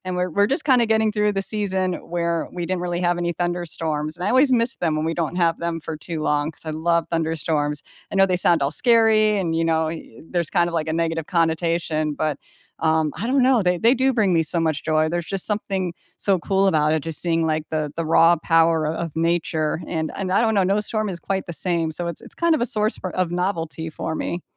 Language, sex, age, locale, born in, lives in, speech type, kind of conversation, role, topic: English, female, 40-44, en-US, United States, United States, conversation, unstructured, none, What small joys brightened your week?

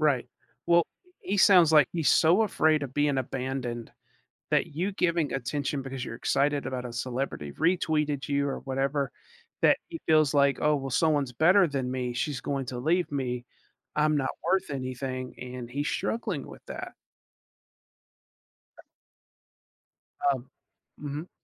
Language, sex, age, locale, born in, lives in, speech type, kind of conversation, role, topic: English, male, 40-44, en-US, United States, United States, conversation, advice, advisor, How can I stop arguing with my partner over small things?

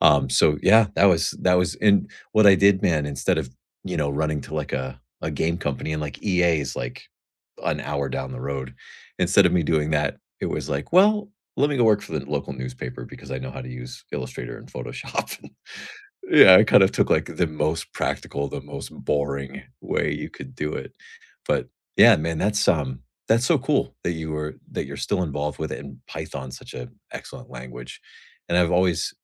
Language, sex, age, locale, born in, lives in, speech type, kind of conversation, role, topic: English, male, 40-44, en-US, United States, United States, conversation, unstructured, none, What habit are you proud of sticking with, and what helped you keep it going?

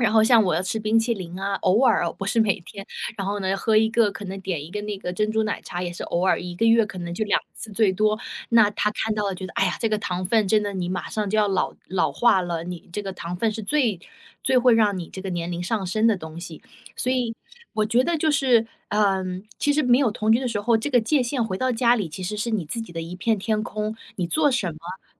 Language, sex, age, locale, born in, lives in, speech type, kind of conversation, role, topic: Chinese, female, 30-34, zh-CN, China, United States, conversation, advice, user, 我该如何在恋爱关系中设立并维护健康的界限？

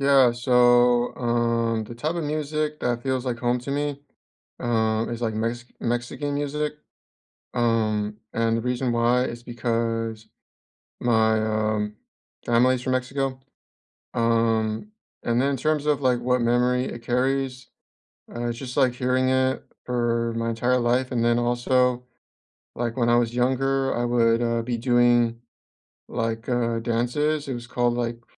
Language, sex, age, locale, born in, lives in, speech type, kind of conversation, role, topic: English, male, 25-29, en-US, United States, United States, conversation, unstructured, none, What music feels like home to you, and what memories does it bring back?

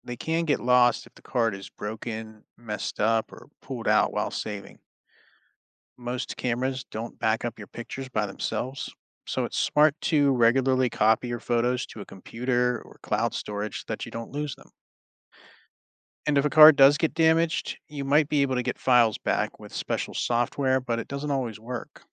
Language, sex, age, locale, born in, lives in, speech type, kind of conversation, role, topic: English, male, 35-39, en-US, United States, United States, read, expert-assistant, expert, How does a camera capture and store images?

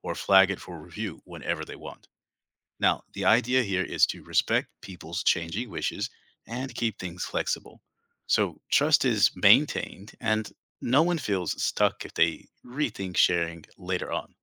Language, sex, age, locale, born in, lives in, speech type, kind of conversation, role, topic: English, male, 45-49, en-US, United States, United States, read, expert-assistant, expert, How can I help preserve endangered languages in my community or online?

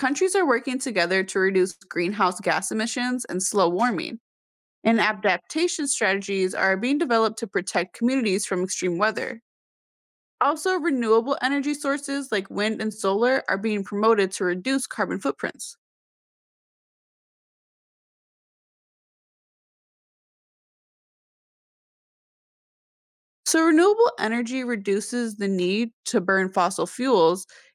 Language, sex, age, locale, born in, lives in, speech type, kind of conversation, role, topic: English, female, 20-24, en-US, United States, United States, read, expert-assistant, expert, How does climate change affect global weather patterns?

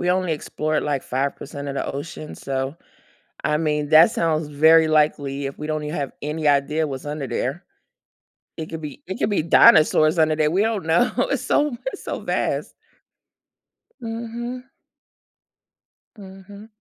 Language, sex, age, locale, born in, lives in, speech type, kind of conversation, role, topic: English, female, 45-49, en-US, United States, United States, conversation, podcast, guest, How do movies shape the way we see ourselves and the world around us?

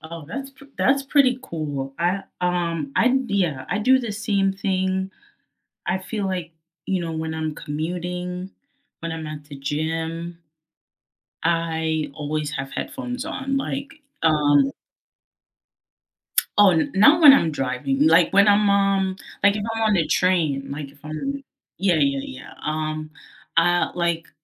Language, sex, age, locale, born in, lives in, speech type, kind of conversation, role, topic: English, female, 25-29, en-US, United States, United States, conversation, unstructured, none, What is the one gadget you cannot live without this year?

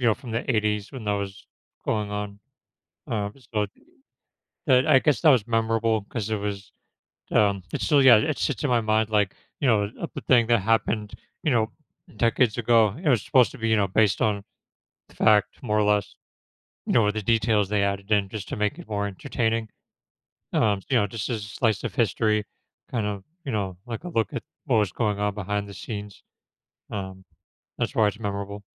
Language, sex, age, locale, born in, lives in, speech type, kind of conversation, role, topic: English, male, 35-39, en-US, United States, United States, conversation, podcast, guest, What factors make certain TV shows stand out in your memory?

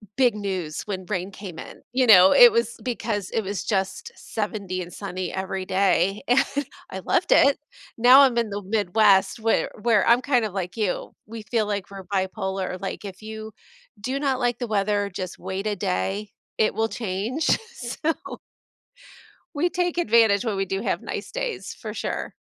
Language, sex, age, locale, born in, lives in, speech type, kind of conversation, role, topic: English, female, 45-49, en-US, United States, United States, conversation, unstructured, none, What kinds of news stories do you find most interesting or important?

